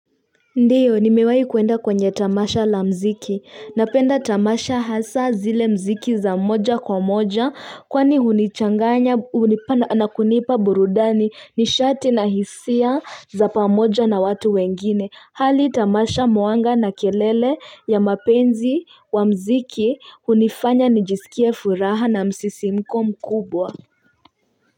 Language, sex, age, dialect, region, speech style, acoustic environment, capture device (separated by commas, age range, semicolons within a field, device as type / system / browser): Swahili, female, 25-34, Kenya - Nairobi Sheng-influenced, Kenya, nervous, people talking; nature, mobile / Linux / Chrome